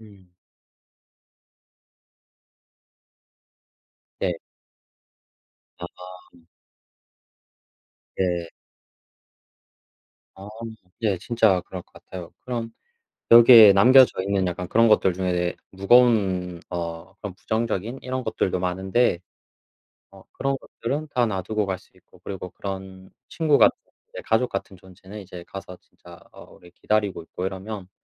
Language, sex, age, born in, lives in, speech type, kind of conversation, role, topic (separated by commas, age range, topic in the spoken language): Korean, male, 25-29, South Korea, South Korea, conversation, unstructured, none, 최근에 본 드라마 중에서 가장 인상 깊었던 작품은 무엇인가요?